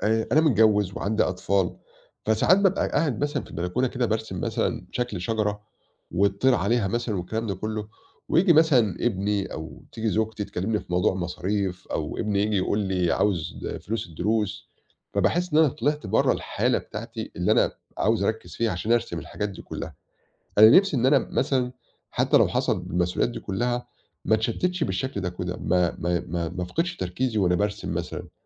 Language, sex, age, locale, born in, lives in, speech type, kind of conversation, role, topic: Arabic, male, 40-44, ar-EG, Egypt, Portugal, conversation, advice, user, إزاي أتعامل مع تشتت المسؤوليات اليومية اللي بيضيع تركيزي الإبداعي؟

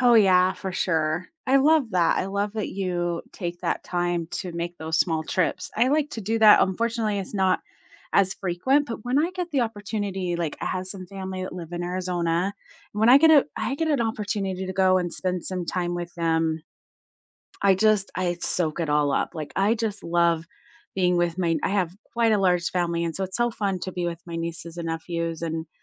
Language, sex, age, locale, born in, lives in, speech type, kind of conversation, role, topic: English, female, 45-49, en-US, United States, United States, conversation, unstructured, none, What small, repeatable traditions—like weekly check-ins, shared meals, or walks—could help you feel closer to the people around you and strengthen your everyday connections?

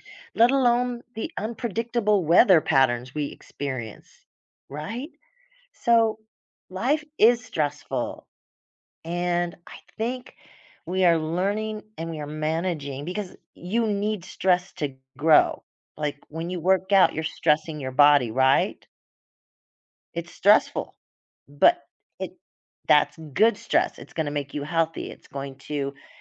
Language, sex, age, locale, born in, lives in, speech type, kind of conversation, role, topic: English, female, 60-64, en-US, United States, United States, conversation, podcast, guest, What routines or habits help you relax and recharge after a long day?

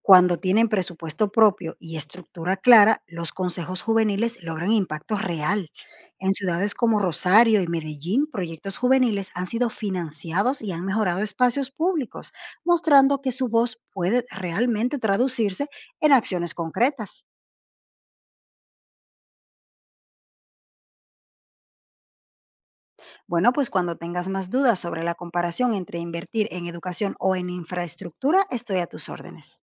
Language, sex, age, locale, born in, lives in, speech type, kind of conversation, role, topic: Spanish, female, 30-34, es-DO, Dominican Republic, Portugal, read, expert-assistant, expert, ¿Qué es más urgente para el desarrollo de un país: invertir en educación o en infraestructura?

